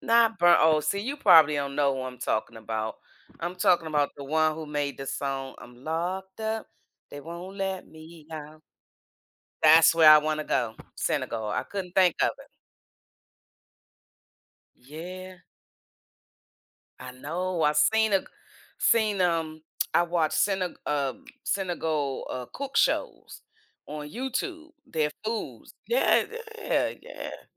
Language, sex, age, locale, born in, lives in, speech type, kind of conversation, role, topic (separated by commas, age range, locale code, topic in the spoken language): English, female, 55-59, en-US, United States, United States, conversation, unstructured, none, Do early mornings or late nights suit you better?